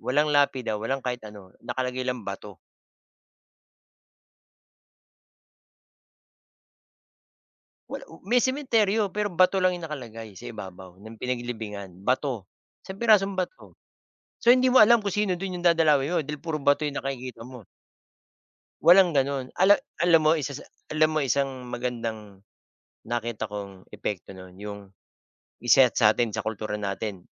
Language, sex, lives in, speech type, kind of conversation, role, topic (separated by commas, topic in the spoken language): Filipino, male, Philippines, conversation, unstructured, none, Paano mo ipaliliwanag ang kahalagahan ng pamilya sa ating kultura?